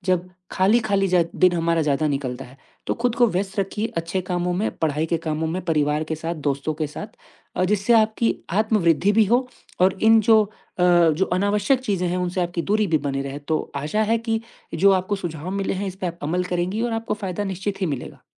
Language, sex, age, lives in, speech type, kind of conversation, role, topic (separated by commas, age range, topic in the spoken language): Hindi, male, 20-24, India, conversation, advice, advisor, मैं अनचाही सूचनाओं से थक गया/थक गई हूँ—मैं इन्हें कैसे कम करूँ?